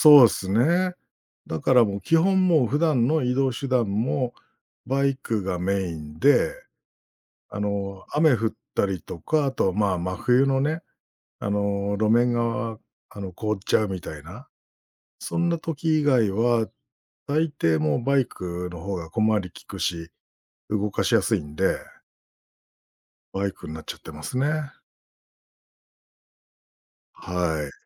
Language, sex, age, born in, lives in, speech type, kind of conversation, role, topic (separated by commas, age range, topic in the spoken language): Japanese, male, 45-49, Japan, Japan, conversation, podcast, guest, その趣味はあなたの生活にどんな変化をもたらしましたか？